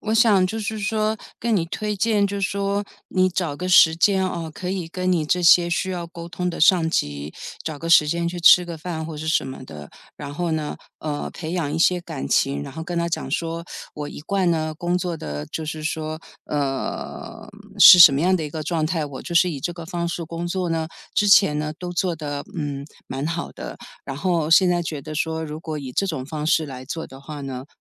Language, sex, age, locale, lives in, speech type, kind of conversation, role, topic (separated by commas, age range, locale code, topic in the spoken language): Chinese, female, 55-59, zh-TW, United States, conversation, podcast, host, 遇到职业倦怠时，你会如何调整自己？